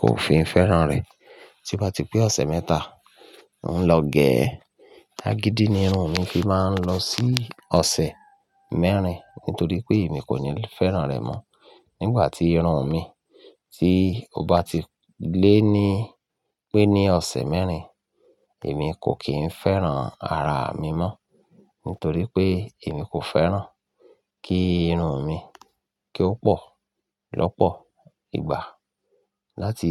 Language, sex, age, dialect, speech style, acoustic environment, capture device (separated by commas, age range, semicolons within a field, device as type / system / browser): Yoruba, male, 35-44, Nigeria - Ibadan, happy, people talking, mobile / Linux / Chrome